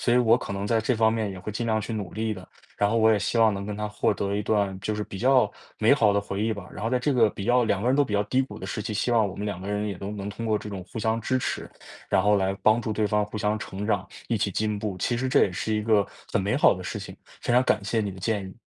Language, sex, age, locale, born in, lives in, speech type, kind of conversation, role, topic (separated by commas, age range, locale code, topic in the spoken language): Chinese, male, 20-24, zh-CN, China, United States, conversation, advice, user, 你该如何帮助对方与你一起应对不确定性？